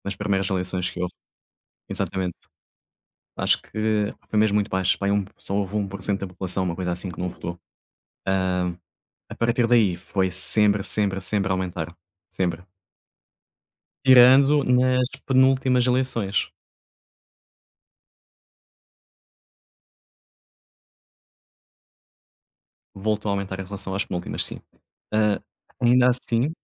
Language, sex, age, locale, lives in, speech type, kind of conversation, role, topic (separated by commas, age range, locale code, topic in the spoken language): Portuguese, male, 30-34, pt-PT, Portugal, conversation, unstructured, none, O que você acha da importância do voto nas eleições?